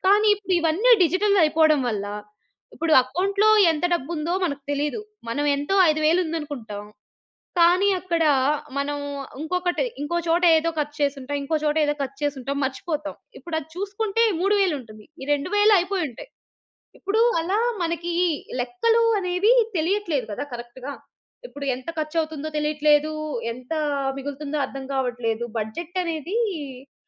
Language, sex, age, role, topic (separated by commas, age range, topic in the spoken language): Telugu, female, 30-34, host, డిజిటల్ చెల్లింపులు వాడటం మీ రోజువారీ అలవాటుగా ఎలా మారింది?